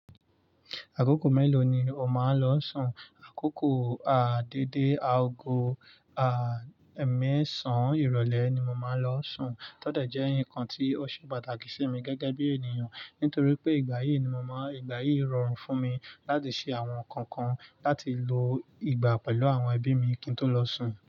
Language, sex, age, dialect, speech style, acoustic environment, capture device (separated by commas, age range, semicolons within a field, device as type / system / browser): Yoruba, male, 25-34, Nigeria - Nigerian Standard, relaxed, nature, mobile / Linux / Chrome